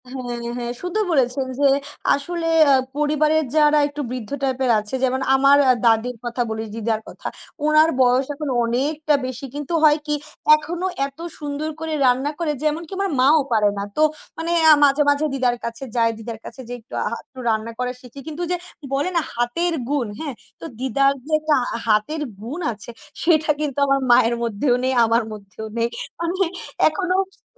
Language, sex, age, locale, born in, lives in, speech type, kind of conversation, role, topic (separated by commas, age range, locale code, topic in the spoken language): Bengali, female, 20-24, bn-BD, Bangladesh, Bangladesh, conversation, unstructured, none, খাবারে নতুন কিছু চেষ্টা করতে আপনার কেমন লাগে?